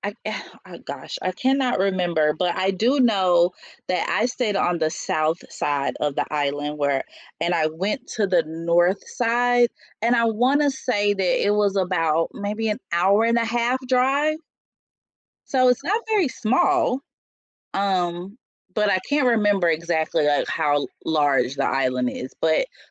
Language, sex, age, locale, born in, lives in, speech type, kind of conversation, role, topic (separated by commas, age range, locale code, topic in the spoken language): English, female, 45-49, en-US, United States, United States, conversation, unstructured, none, What natural wonder took your breath away?